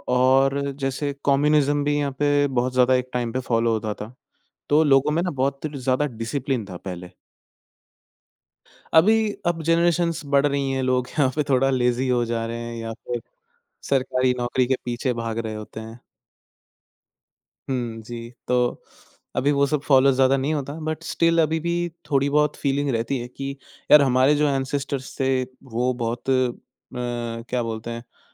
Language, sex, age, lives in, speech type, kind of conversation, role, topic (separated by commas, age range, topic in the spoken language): Hindi, male, 20-24, India, conversation, podcast, guest, Kya tumne kabhi apni sanskriti par sach mein garv mehsoos kiya hai?